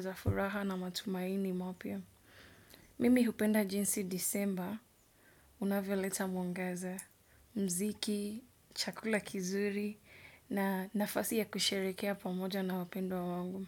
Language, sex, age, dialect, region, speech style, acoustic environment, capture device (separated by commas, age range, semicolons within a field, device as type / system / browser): Swahili, female, 25-34, Kenya - Mombasa, Kenya, focused; relaxed, nature; appliances, desktop / Windows / Edge